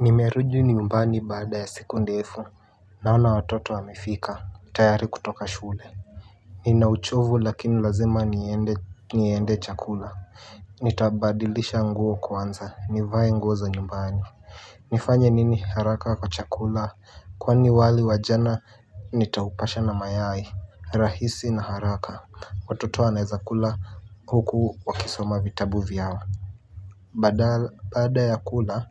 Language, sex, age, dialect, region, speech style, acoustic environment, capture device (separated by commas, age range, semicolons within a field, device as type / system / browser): Swahili, male, 18-24, Kenya - Nairobi Sheng-influenced, Kenya, relaxed, silence, mobile / Linux / Chrome